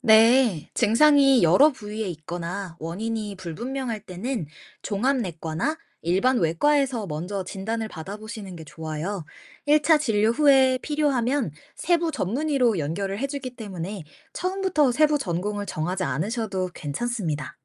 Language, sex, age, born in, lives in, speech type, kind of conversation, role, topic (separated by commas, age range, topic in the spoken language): Korean, female, 25-29, South Korea, United States, read, expert-assistant, expert, 내과와 외과의 차이점과 각 분야에서 의사가 하는 일은 어떻게 다른가요?